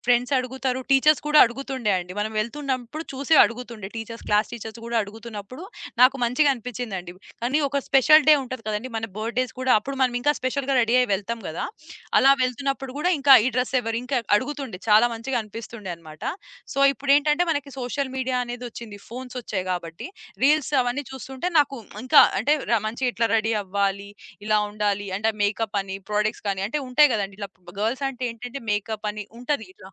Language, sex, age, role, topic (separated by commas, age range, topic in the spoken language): Telugu, female, 25-29, guest, సామాజిక మాధ్యమాలు మీ వ్యక్తిగత అలంకరణ శైలిపై ఎంతవరకు ప్రభావం చూపిస్తాయి?